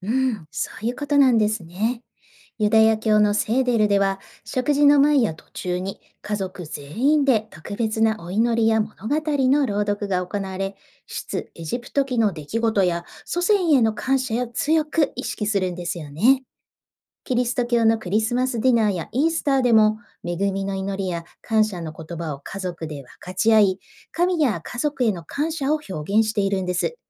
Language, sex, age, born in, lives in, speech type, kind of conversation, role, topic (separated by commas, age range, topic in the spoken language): Japanese, female, 45-49, Japan, Japan, read, expert-assistant, expert, 宗教ごとの食事や祈りにはどんな意味や共通点があるのですか？